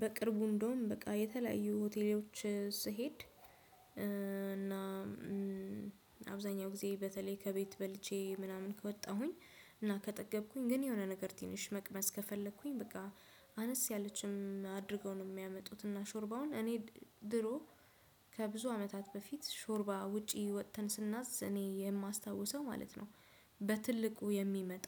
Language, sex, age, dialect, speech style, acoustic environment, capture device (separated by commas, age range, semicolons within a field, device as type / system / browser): Amharic, female, 25-34, Ethiopia - Addis Ababa, focused, silence, desktop / Windows / Chrome